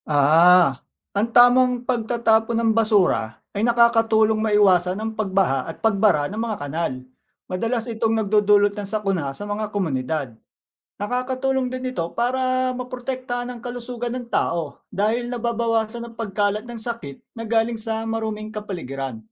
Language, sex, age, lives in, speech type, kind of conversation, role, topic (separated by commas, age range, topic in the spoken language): Filipino, male, 40-44, Philippines, read, expert-assistant, expert, Bakit mahalaga ang tamang pagtatapon ng basura?